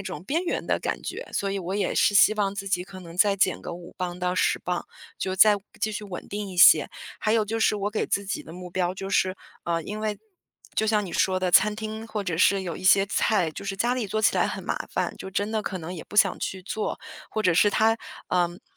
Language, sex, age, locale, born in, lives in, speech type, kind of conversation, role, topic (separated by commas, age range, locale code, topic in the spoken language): Chinese, female, 35-39, zh-CN, China, United States, conversation, advice, user, 在聚会社交时，我该如何礼貌而坚定地拒绝不健康的食物？